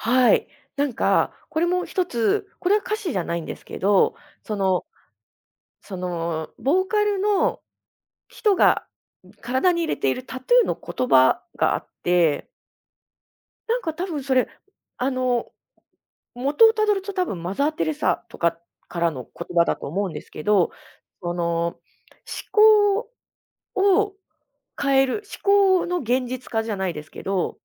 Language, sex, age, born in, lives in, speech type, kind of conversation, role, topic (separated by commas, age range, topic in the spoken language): Japanese, female, 35-39, Japan, Japan, conversation, podcast, guest, どのアーティストに影響を受けましたか？